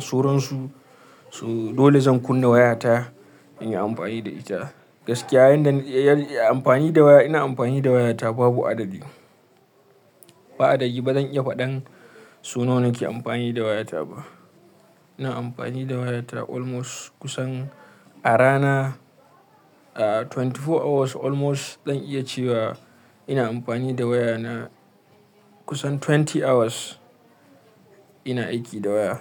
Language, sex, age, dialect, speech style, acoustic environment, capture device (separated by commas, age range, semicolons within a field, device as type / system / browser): Hausa, male, 25-34, Nigeria - Kano, happy, silence, mobile / Linux / Chrome